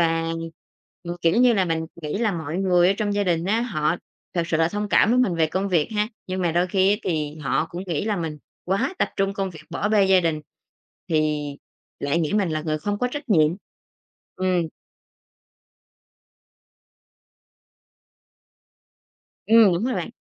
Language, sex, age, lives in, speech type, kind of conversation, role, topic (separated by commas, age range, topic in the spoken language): Vietnamese, female, 30-34, Vietnam, conversation, podcast, guest, Bạn cân bằng công việc và chăm sóc gia đình thế nào?